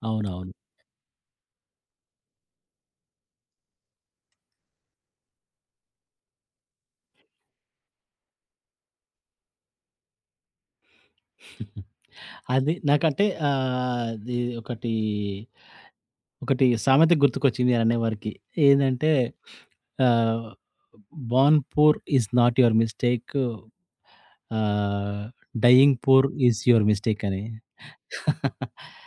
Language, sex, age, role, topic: Telugu, male, 40-44, host, మీకు స్ఫూర్తినిచ్చిన సినిమా గురించి చెప్పగలరా?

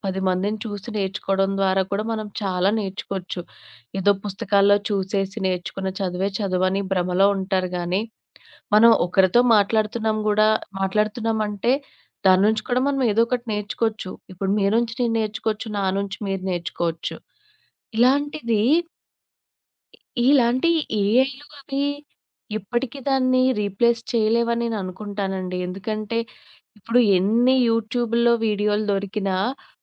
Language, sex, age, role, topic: Telugu, female, 25-29, guest, మద్దతు అడగడం నేర్చుకోవడంలో మీరు ఎదుర్కొన్న అతిపెద్ద కష్టం ఏమిటి?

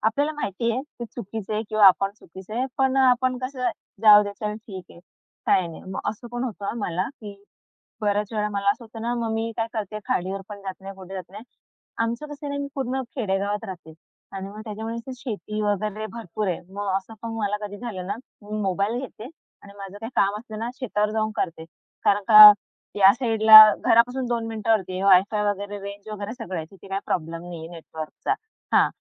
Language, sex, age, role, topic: Marathi, female, 25-29, guest, निसर्गातून तुला कोणत्या कल्पना किंवा प्रेरणा मिळाल्या आहेत?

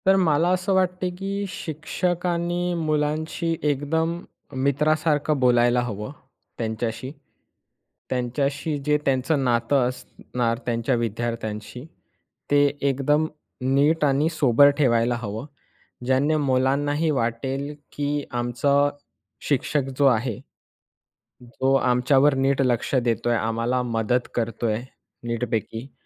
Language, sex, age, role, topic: Marathi, male, 20-24, guest, शाळांमध्ये मानसिक आरोग्यावर लक्ष कसं द्यायला हवं?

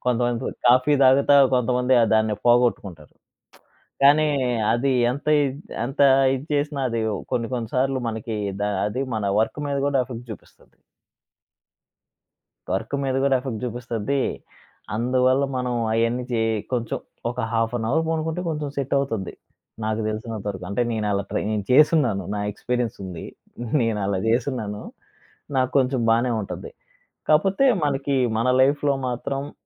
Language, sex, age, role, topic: Telugu, male, 25-29, guest, పగటిపూట కాసేపు నిద్రపోవడం మంచిదా, అయితే ఎంతసేపు నిద్రపోవాలి?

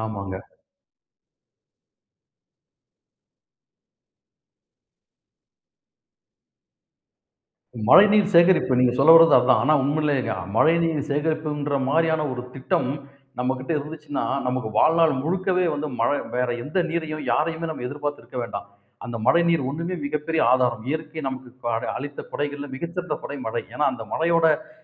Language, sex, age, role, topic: Tamil, male, 40-44, guest, மழையில் வெளியே நடப்பது உங்களுக்கு ஏன் பிடிக்கும்?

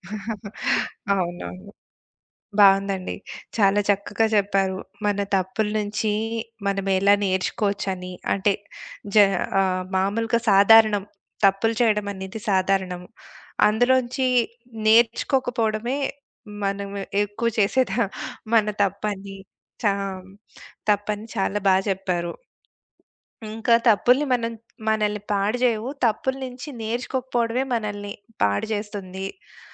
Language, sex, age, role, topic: Telugu, female, 40-44, host, మీరు చేసిన తప్పుల నుండి ఎలా నేర్చుకుంటారు? ఒక ఉదాహరణ చెప్పగలరా?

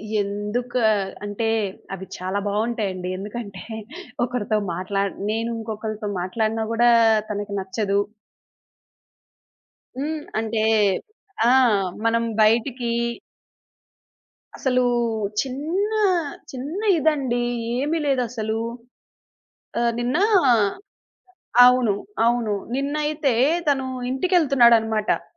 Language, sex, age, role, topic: Telugu, female, 30-34, guest, ప్రేమ సంబంధాల్లో నిజాయితీగా మాట్లాడినప్పుడు ఎదురయ్యే ముఖ్యమైన సవాళ్లు ఏమేమి?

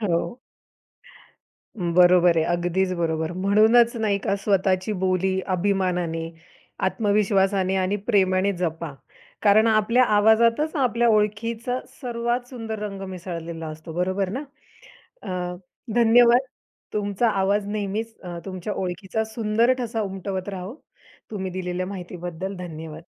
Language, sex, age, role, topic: Marathi, female, 30-34, host, बोली-उच्चार तुमच्या ओळखीला कसा रंग देतात?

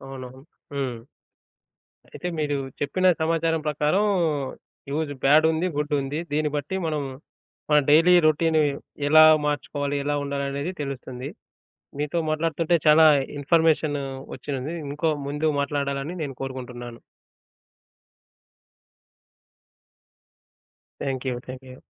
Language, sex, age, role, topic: Telugu, male, 25-29, host, సోషల్ మీడియా నీ రోజువారీ జీవితం ఎలా మార్చింది?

